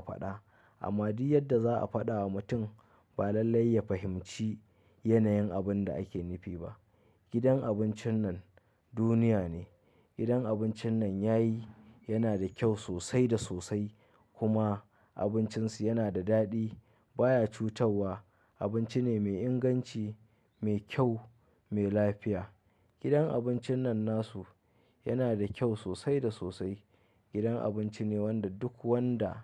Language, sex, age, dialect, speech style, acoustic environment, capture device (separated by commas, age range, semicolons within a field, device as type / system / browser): Hausa, male, 25-34, Nigeria - Kano, happy, people talking, mobile / Linux / Chrome